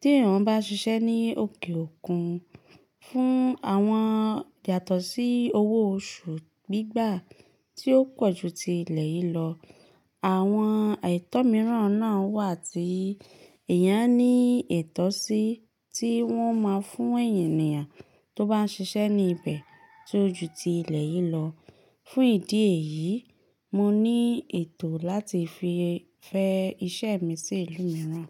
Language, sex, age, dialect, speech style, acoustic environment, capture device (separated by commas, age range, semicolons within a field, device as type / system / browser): Yoruba, female, 25-34, Nigeria - Ibadan, relaxed, silence, mobile / Linux / Chrome